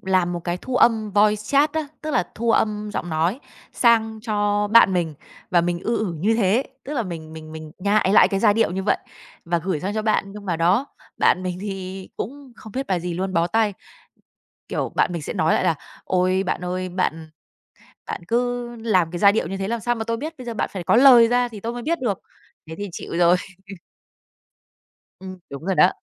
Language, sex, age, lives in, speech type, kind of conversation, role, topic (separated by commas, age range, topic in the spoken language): Vietnamese, female, 30-34, Vietnam, conversation, podcast, guest, Bạn đã bao giờ cố gắng tìm lại một bài hát chỉ nghe thoáng qua chưa?